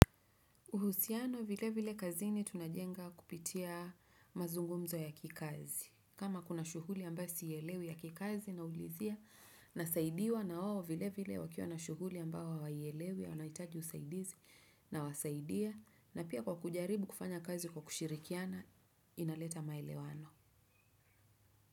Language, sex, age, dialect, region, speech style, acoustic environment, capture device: Swahili, female, 35-44, Kenya - Nairobi Sheng-influenced, Kenya, focused, silence; traffic, desktop / Windows / Chrome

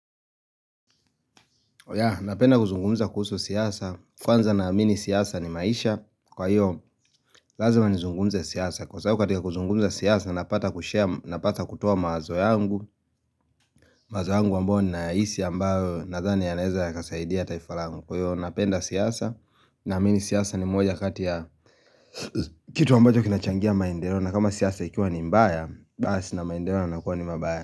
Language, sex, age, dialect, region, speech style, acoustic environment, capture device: Swahili, male, 25-34, Kenya - Nairobi Sheng-influenced, Kenya, happy, silence, mobile / Linux / Chrome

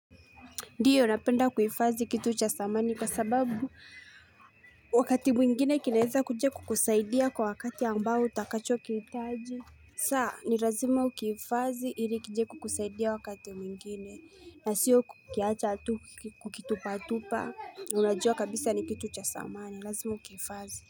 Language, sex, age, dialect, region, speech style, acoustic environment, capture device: Swahili, female, 18-24, Kenya - Mombasa, Kenya, relaxed, nature; silence; music; people talking, mobile / Linux / Chrome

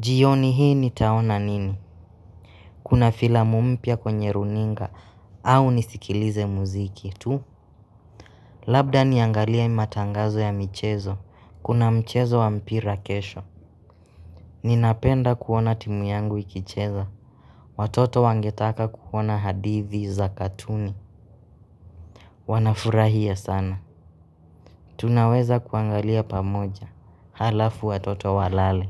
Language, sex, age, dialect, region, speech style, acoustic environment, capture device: Swahili, male, 25-34, Kenya - Nairobi Sheng-influenced, Kenya, excited, nature, mobile / Linux / Chrome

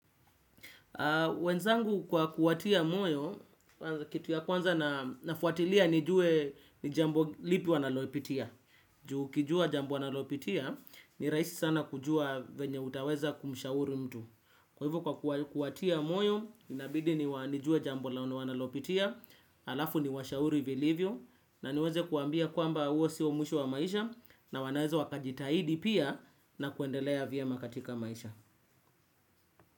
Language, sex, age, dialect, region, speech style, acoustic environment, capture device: Swahili, male, 25-34, Kenya - Nairobi Sheng-influenced, Kenya, focused; relaxed, nature, desktop / Windows / Chrome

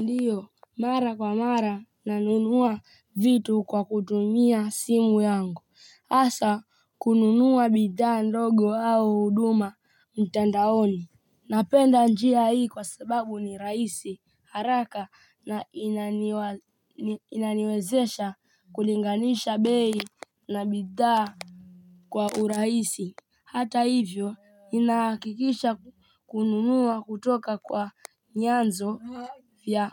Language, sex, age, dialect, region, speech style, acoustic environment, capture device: Swahili, female, 18-24, Kenya - Mombasa, Kenya, happy, silence, mobile / Linux / Chrome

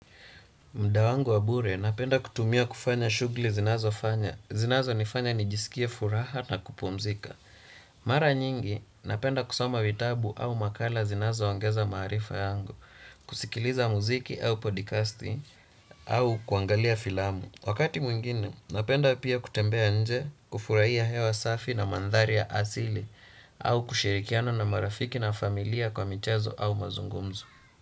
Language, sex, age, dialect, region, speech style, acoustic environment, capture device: Swahili, male, 18-24, Kenya - Nairobi Sheng-influenced, Kenya, relaxed, silence, desktop / Windows / Chrome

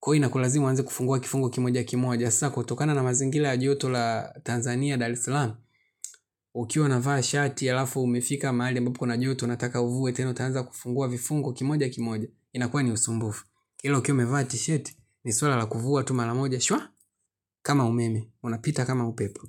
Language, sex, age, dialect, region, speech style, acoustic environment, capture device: Swahili, male, 25-34, Tanzania - Dar es Salaam, Tanzania, relaxed, silence, mobile / Linux / Chrome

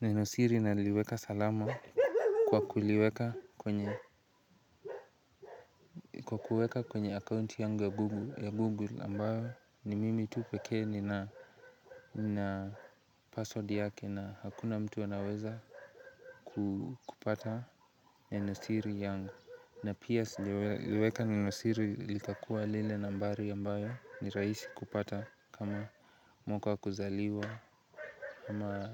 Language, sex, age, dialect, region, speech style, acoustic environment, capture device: Swahili, male, 18-24, Kenya - Nairobi Sheng-influenced, Kenya, relaxed, nature, mobile / Linux / Chrome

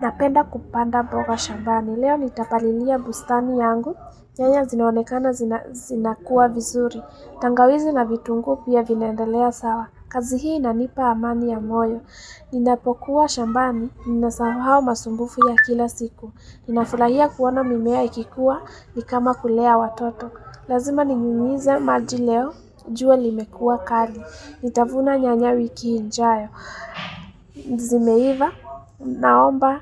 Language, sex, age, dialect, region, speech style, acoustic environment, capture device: Swahili, female, 18-24, Kenya - Nairobi Sheng-influenced, Kenya, happy; excited, people talking; traffic, mobile / Linux / Chrome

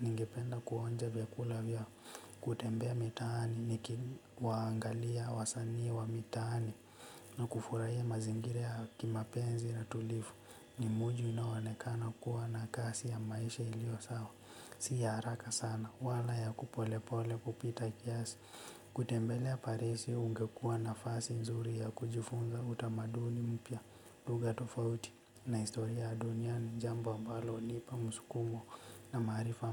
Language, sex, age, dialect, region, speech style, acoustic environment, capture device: Swahili, male, 18-24, Kenya - Nairobi Sheng-influenced, Kenya, relaxed, nature; silence; appliances, mobile / Linux / Chrome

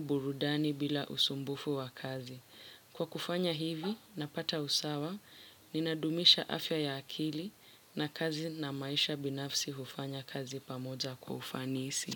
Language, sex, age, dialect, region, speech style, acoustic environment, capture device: Swahili, female, 18-24, Kenya - Mombasa, Kenya, focused, silence, mobile / Linux / Chrome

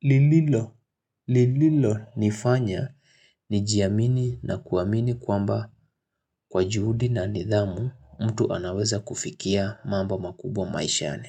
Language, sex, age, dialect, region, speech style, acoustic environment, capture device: Swahili, male, 25-34, Kenya - Nairobi Sheng-influenced, Kenya, happy; excited; focused, silence, mobile / Linux / Chrome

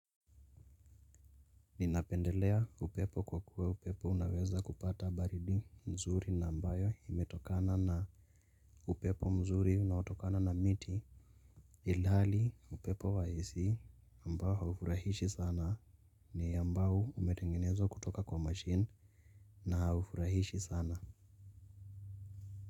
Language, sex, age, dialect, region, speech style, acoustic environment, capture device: Swahili, male, 18-24, Kenya - Nairobi Sheng-influenced, Kenya, relaxed; focused, nature, mobile / Linux / Chrome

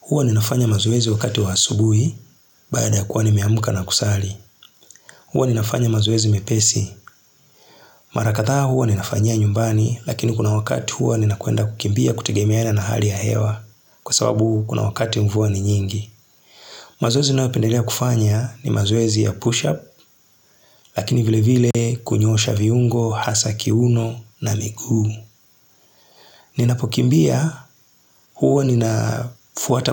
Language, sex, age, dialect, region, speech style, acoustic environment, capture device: Swahili, male, 35-44, Tanzania - Dar es Salaam, Tanzania, relaxed, silence, mobile / Linux / Chrome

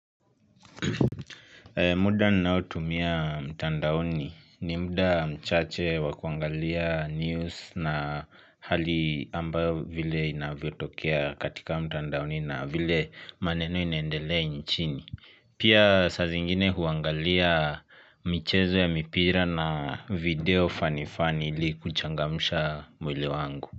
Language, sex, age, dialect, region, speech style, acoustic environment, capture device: Swahili, male, 25-34, Kenya - Nairobi Sheng-influenced, Kenya, happy, silence, mobile / Linux / Chrome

